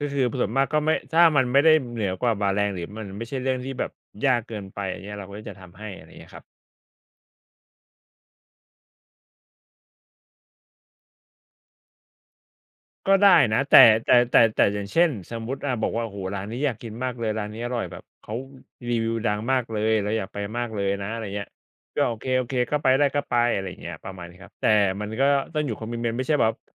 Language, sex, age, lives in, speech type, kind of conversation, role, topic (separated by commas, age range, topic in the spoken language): Thai, male, 35-39, Thailand, conversation, unstructured, none, ถ้าคุณอยากทำให้คนรักมีความสุข คุณจะทำอย่างไร?